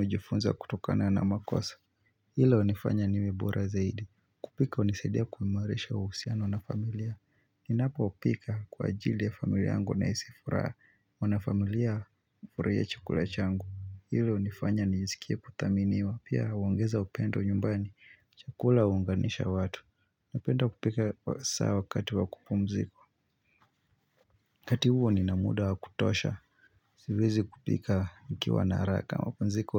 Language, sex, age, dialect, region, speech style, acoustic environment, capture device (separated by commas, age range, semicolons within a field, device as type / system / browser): Swahili, male, 25-34, Kenya - Mombasa, Kenya, focused, silence, mobile / Linux / Chrome